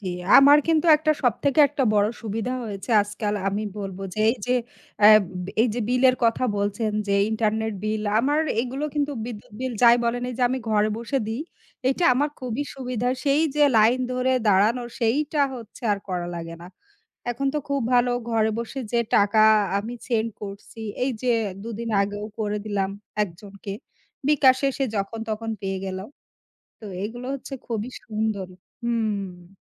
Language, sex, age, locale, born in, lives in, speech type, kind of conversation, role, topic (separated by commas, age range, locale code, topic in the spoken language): Bengali, female, 35-39, bn-BD, Bangladesh, Bangladesh, conversation, unstructured, none, আপনার জীবনে প্রযুক্তি কীভাবে সুখ এনে দিয়েছে?